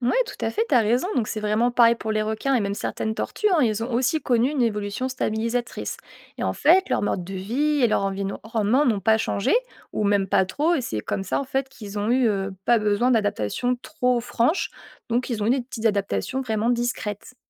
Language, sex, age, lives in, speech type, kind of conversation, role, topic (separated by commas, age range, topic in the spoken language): French, female, 25-29, France, read, expert-assistant, expert, Pourquoi certains animaux n’ont-ils presque pas changé depuis des millions d’années ?